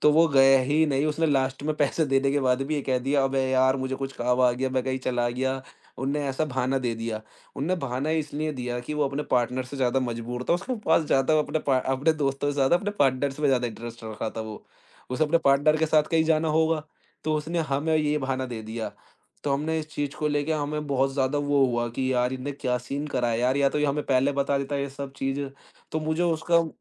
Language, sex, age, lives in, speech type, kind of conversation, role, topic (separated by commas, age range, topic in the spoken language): Hindi, male, 20-24, India, conversation, podcast, guest, Aap rozmarra ki zindagi se manoranjan ke ideas kaise nikalte hain?